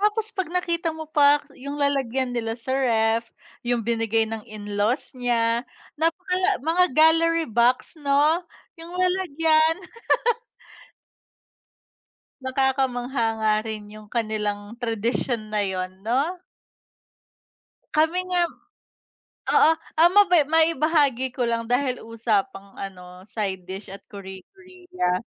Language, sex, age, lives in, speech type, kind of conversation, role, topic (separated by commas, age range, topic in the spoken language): Filipino, female, 30-34, Philippines, conversation, unstructured, none, Sa palagay mo, paano natural na magbabago ang ating mga paboritong pagkain sa paglipas ng panahon?